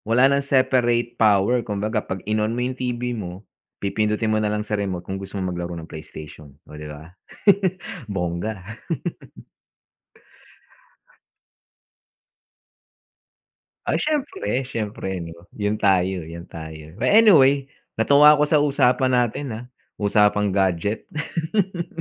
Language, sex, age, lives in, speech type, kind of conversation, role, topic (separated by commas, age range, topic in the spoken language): Filipino, male, 45-49, United States, conversation, unstructured, none, Ano ang paborito mong gadget at bakit?